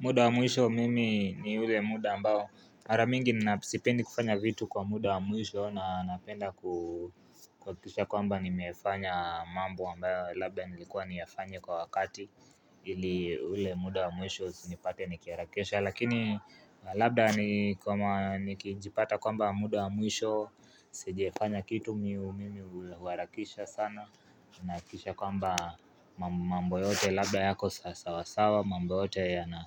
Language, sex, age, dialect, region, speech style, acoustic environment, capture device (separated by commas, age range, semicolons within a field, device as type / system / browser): Swahili, male, 18-24, Kenya - Nairobi Sheng-influenced, Kenya, relaxed, people talking; nature, mobile / Linux / Chrome